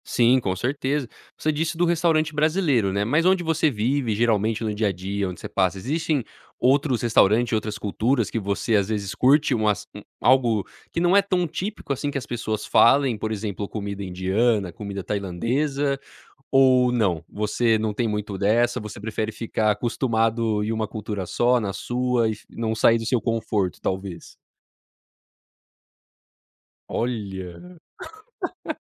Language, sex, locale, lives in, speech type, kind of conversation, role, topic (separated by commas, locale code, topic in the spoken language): Portuguese, male, pt-BR, United States, conversation, podcast, host, Me conta uma receita de família que você sempre faz?